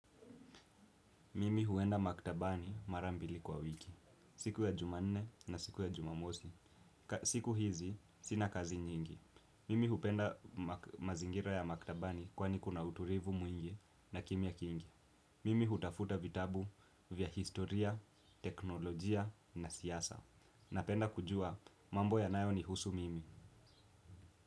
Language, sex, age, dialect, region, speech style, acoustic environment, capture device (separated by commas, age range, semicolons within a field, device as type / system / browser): Swahili, male, 18-24, Kenya - Nairobi Sheng-influenced, Kenya, relaxed, appliances, desktop / Windows / Chrome